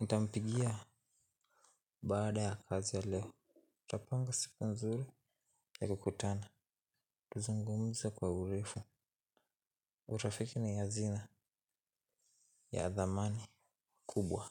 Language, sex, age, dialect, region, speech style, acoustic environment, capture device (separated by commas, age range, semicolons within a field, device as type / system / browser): Swahili, male, 18-24, Kenya - Nairobi Sheng-influenced, Kenya, tired, silence, mobile / Linux / Chrome